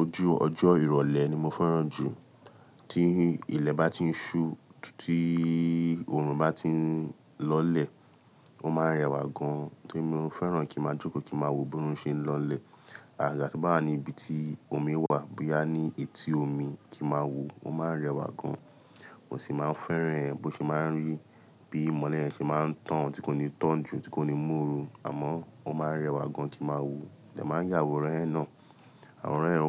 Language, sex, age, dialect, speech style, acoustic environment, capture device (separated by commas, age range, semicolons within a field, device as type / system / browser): Yoruba, male, 25-34, Nigeria - Lagos Yoruba, tired, people talking, mobile / Linux / Chrome